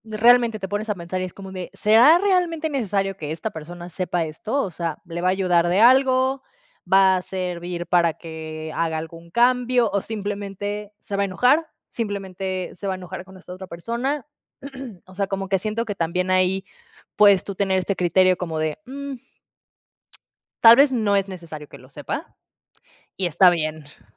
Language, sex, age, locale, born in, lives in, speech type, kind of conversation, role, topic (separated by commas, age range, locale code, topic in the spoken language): Spanish, female, 30-34, es-MX, Mexico, Mexico, conversation, unstructured, none, ¿Crees que siempre está bien decir la verdad, aunque duela?